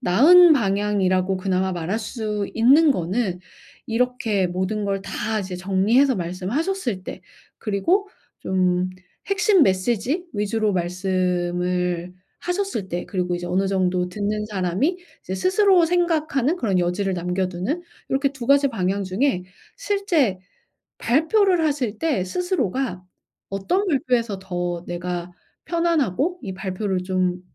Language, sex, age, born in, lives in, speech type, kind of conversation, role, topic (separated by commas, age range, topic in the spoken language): Korean, female, 40-44, South Korea, United States, conversation, advice, advisor, 발표할 때 청중의 눈빛과 반응이 너무 신경 쓰여 말이 잘 안 나오는 것을 어떻게 극복할 수 있을까요?